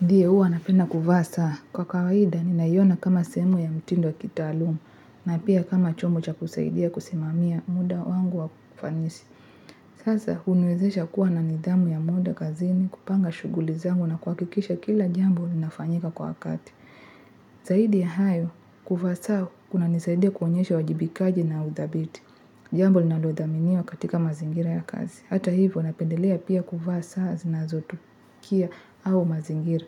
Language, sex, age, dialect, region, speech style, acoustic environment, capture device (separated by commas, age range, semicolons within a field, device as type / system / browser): Swahili, female, 18-24, Kenya - Nairobi Sheng-influenced, Kenya, happy, people talking; music; nature; traffic, mobile / Linux / Chrome